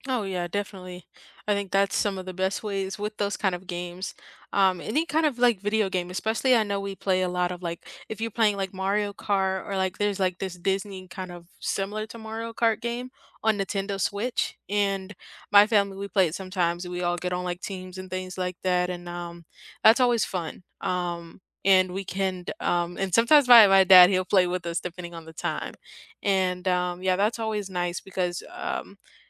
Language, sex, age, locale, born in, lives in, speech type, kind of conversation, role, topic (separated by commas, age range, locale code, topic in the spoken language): English, female, 18-19, en-US, United States, United States, conversation, unstructured, none, Which video games best bring friends together, whether you’re playing online or on the couch?